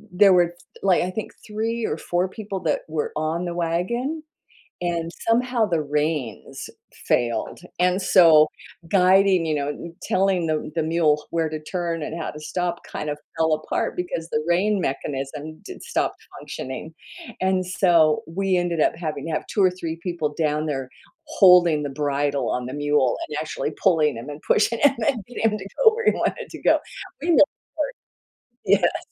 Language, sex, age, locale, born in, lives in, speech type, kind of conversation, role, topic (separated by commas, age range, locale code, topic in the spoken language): English, female, 70-74, en-US, United States, United States, conversation, podcast, guest, How can a memorable school project impact your learning or interests?